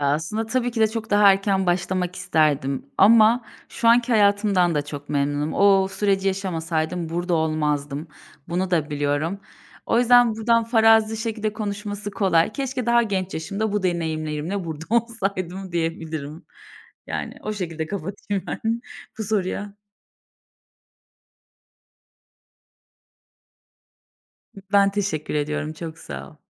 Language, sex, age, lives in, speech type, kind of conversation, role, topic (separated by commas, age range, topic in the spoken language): Turkish, female, 30-34, Bulgaria, conversation, podcast, guest, Kariyer değişikliğini aileye nasıl açıklarsın?